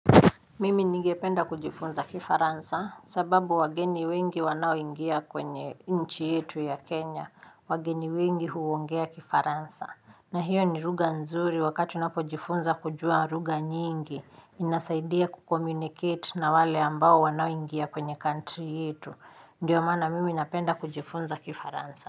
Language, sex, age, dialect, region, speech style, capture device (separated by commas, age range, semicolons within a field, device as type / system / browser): Swahili, female, 35-44, Kenya - Nairobi Sheng-influenced, Kenya, anxious, mobile / Linux / Chrome